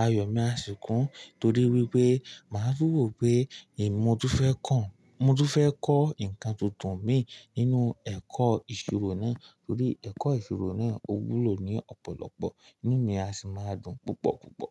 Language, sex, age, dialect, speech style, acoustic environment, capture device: Yoruba, male, 18-24, Nigeria - Ibadan, happy, nature, mobile / Linux / Chrome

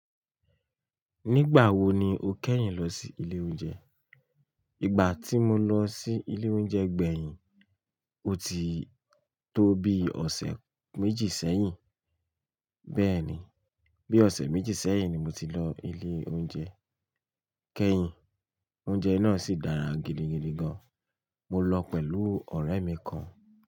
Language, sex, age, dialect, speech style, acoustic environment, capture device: Yoruba, male, 25-34, Nigeria - Lagos Yoruba, relaxed, nature, mobile / Linux / Chrome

